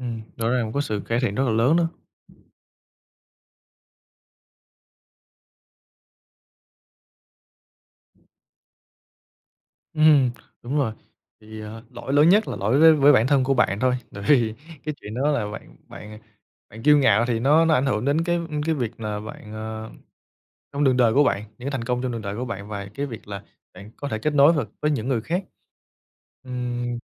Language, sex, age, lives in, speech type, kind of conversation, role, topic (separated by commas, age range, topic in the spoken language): Vietnamese, male, 25-29, Vietnam, conversation, podcast, host, Bạn có thể chia sẻ về một thất bại đã trở thành bước ngoặt quan trọng đối với bạn không?